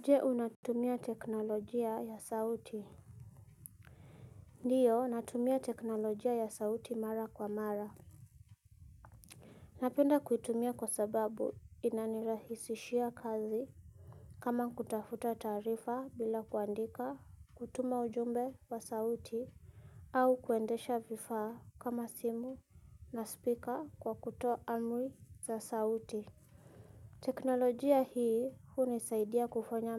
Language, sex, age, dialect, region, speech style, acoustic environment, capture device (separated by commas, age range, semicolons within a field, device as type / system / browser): Swahili, female, 25-34, Kenya - Nairobi Sheng-influenced, Kenya, happy; tired; relaxed; excited, silence, mobile / Linux / Chrome